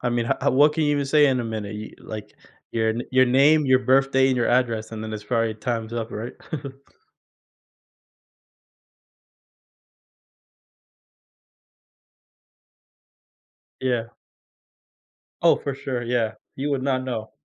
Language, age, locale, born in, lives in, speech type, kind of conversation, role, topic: English, 20-24, en-US, United States, United States, conversation, advice, user, How can I build meaningful friendships quickly after moving to a new city?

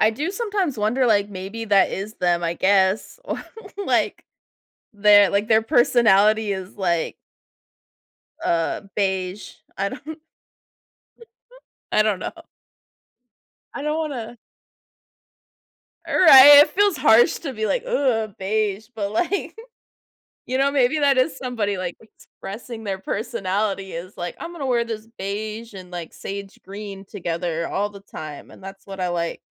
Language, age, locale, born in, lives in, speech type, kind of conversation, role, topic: English, 30-34, en-US, United States, United States, conversation, podcast, guest, How does what we wear reflect who we are and what we value?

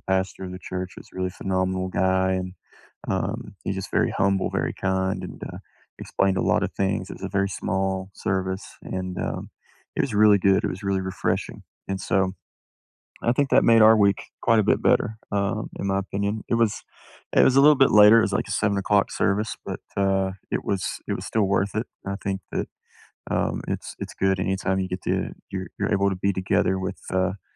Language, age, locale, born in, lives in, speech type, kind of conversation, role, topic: English, 30-34, en-US, United States, United States, conversation, unstructured, none, What small joy made your week a little better, and why did it matter to you?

